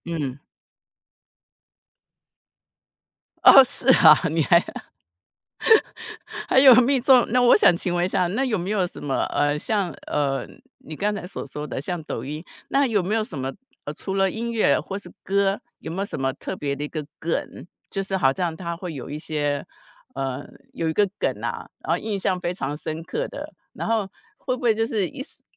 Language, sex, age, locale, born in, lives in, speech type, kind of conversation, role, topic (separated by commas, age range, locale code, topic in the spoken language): Chinese, female, 55-59, zh-TW, Taiwan, United States, conversation, podcast, host, 社交媒体是如何改变你发现音乐的方式的？